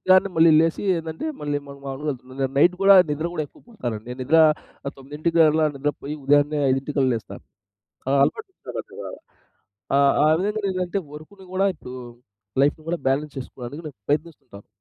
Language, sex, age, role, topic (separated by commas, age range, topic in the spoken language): Telugu, male, 30-34, guest, పని, వ్యక్తిగత జీవితానికి సమతుల్యం నిలబెట్టుకోవడానికి మీరు ఏయే మార్గాలు అనుసరిస్తారు?